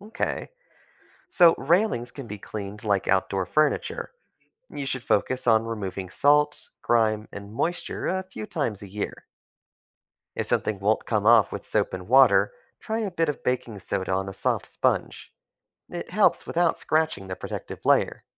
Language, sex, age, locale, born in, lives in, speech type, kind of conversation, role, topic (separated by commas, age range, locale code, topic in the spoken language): English, male, 25-29, en-US, United States, United States, read, expert-assistant, expert, Why do some metals rust?